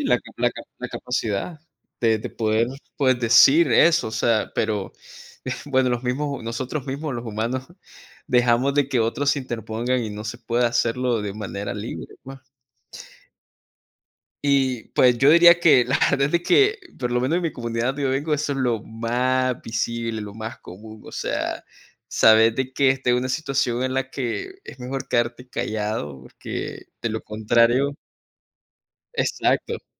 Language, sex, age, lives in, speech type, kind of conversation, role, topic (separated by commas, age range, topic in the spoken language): Spanish, male, 20-24, United States, conversation, unstructured, none, ¿Cómo te afecta la corrupción en tu comunidad?